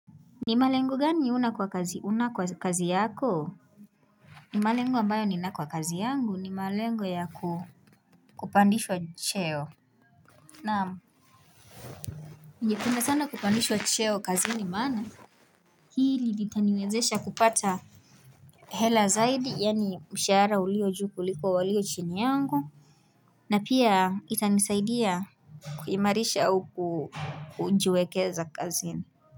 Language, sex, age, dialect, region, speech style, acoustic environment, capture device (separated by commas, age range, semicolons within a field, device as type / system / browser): Swahili, female, 18-24, Kenya - Nairobi Sheng-influenced, Kenya, relaxed, music, mobile / Linux / Chrome